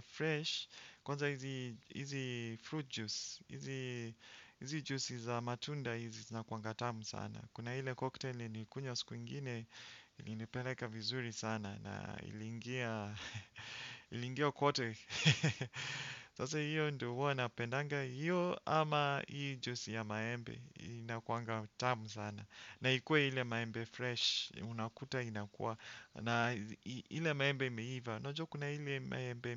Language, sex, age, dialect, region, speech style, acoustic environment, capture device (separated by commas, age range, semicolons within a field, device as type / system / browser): Swahili, male, 35-44, Kenya - Nairobi Sheng-influenced, Kenya, relaxed, silence, desktop / Windows / Chrome